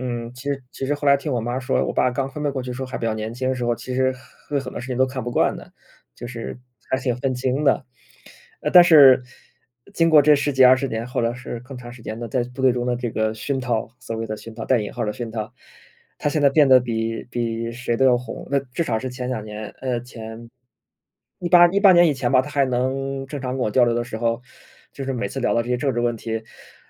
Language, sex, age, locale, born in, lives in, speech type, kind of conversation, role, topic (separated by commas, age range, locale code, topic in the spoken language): Chinese, male, 30-34, zh-CN, China, Germany, conversation, unstructured, none, 当权力集中时，会不会威胁我们的自由？